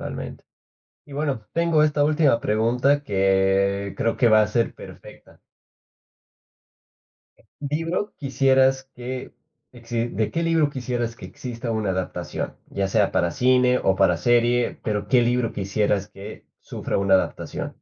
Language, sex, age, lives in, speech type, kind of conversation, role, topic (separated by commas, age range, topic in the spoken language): Spanish, male, 25-29, Spain, conversation, podcast, host, ¿Qué opinas de las adaptaciones de libros a películas o series?